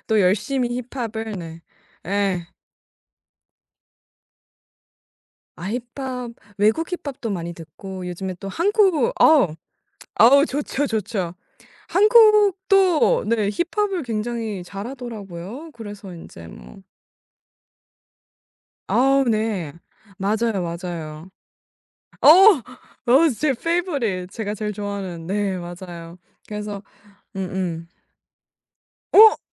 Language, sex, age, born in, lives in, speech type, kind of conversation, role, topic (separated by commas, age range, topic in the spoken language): Korean, female, 18-19, South Korea, United States, conversation, podcast, guest, 스트레스가 쌓일 때 우리 몸은 어떤 신호를 보내나요?